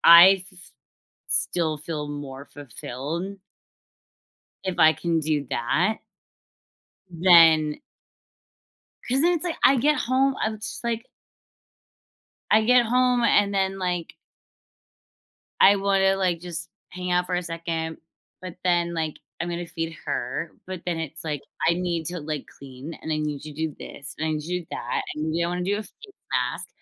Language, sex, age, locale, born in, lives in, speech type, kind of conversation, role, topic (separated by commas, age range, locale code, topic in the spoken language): English, female, 30-34, en-US, United States, United States, conversation, unstructured, none, How can practicing mindfulness together strengthen relationships?